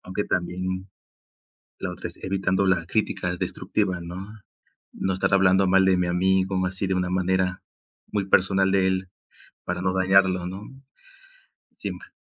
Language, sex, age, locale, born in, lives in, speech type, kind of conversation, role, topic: Spanish, male, 20-24, es-MX, Mexico, Mexico, conversation, unstructured, none, ¿De qué manera la amistad puede ayudarte a crecer como persona?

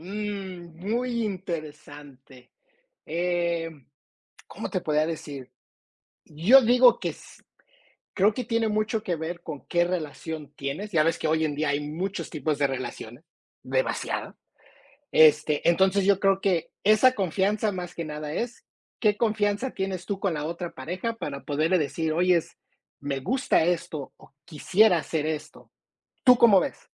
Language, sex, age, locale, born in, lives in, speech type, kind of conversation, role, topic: Spanish, male, 45-49, es-MX, United States, United States, conversation, unstructured, none, ¿Qué importancia tiene la confianza en una relación?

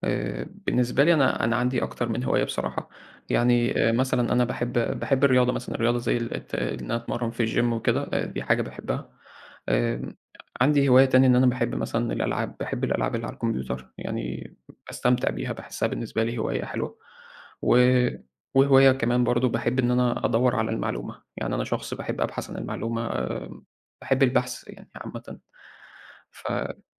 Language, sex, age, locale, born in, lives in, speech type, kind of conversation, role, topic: Arabic, male, 20-24, ar-EG, Egypt, Egypt, conversation, podcast, guest, إزاي بتنظم وقتك عشان تلاقي وقت لهوايتك؟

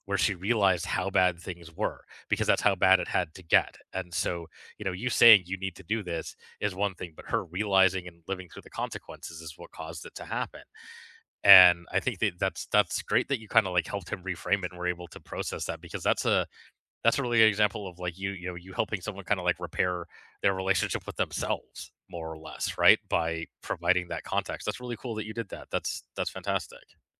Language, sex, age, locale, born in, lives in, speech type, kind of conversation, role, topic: English, male, 40-44, en-CA, Canada, Canada, conversation, unstructured, none, When you disagree with someone you care about, how do you repair the relationship and move forward?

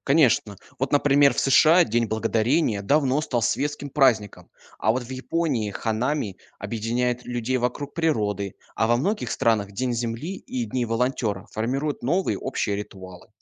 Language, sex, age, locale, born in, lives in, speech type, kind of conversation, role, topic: Russian, male, 20-24, ru-RU, Russia, Germany, read, expert-assistant, expert, Что бы изменилось в мире, если бы все люди вдруг перестали верить в любую религию?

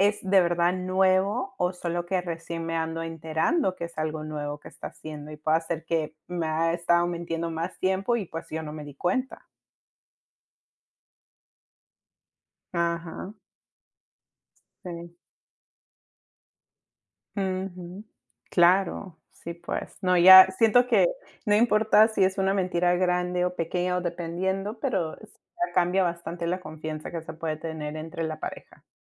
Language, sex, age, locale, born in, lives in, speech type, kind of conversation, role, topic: Spanish, female, 30-34, es-PE, United States, United States, conversation, unstructured, none, ¿Se puede perdonar una mentira grande en una relación?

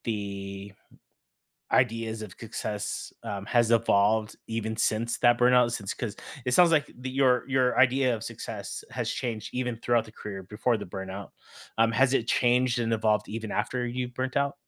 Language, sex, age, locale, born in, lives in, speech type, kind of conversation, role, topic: English, male, 35-39, en-US, United States, United States, conversation, podcast, host, How do you define and measure success in your own life?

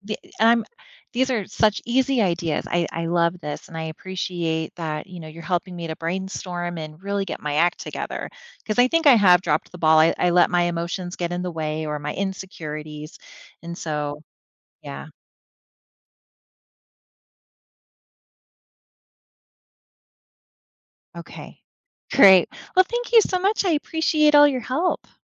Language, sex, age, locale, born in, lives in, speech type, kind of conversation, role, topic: English, female, 40-44, en-US, United States, United States, conversation, advice, user, How do I make friends after moving to a new city?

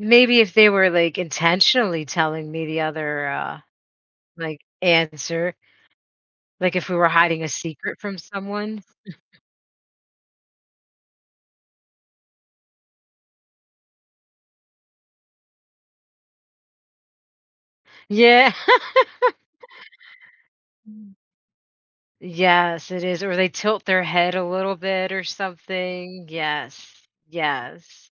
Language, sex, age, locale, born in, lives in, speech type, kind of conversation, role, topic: English, female, 40-44, en-US, United States, United States, conversation, podcast, guest, What role does body language play in communication?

